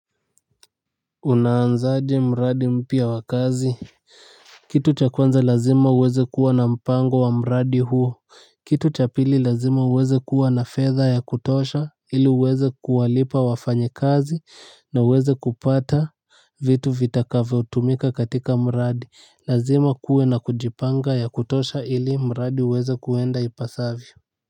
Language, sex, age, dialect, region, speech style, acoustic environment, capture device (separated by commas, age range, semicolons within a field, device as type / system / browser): Swahili, male, 18-24, Kenya - Nairobi Sheng-influenced, Kenya, excited, people talking; music; nature, mobile / Linux / Chrome